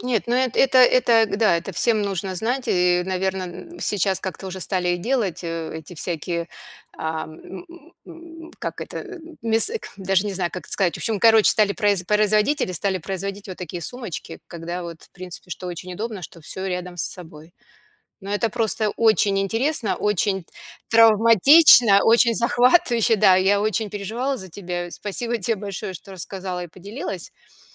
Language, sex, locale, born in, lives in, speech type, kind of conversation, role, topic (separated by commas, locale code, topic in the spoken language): Russian, female, ru-RU, Russia, United States, conversation, podcast, host, Что вы делали, когда потеряли паспорт за границей?